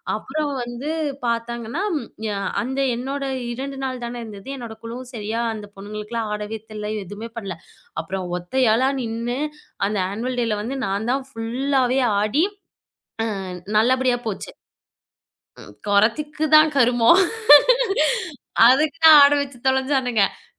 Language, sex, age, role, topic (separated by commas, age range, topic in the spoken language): Tamil, female, 25-29, guest, உங்களுக்கு மிகவும் பெருமை கொடுத்த ஒரு சாதனை பற்றி சொல்லுங்க?